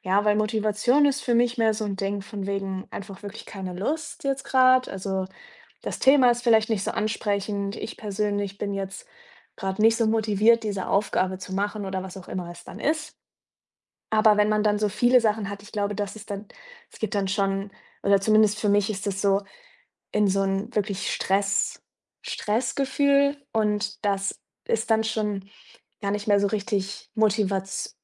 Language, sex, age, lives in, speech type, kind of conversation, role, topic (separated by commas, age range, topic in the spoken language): German, female, 25-29, Germany, conversation, podcast, guest, Wie findest du neue Motivation, wenn dir die Lust nachlässt?